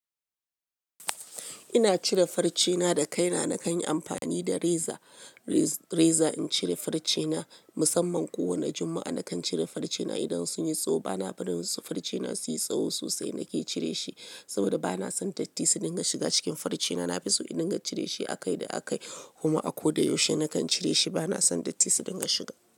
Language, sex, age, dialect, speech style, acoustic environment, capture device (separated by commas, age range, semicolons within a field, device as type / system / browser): Hausa, female, 35-44, Nigeria - Lagos Yoruba, happy, music, mobile / Linux / Chrome